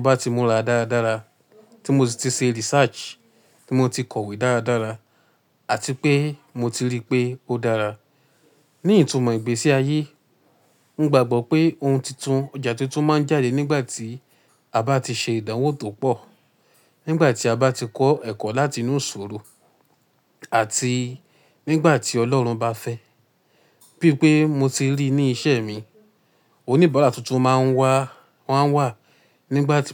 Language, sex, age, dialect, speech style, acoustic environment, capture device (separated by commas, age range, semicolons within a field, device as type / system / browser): Yoruba, male, 18-24, Nigeria - Ibadan, anxious; happy, silence, mobile / Linux / Chrome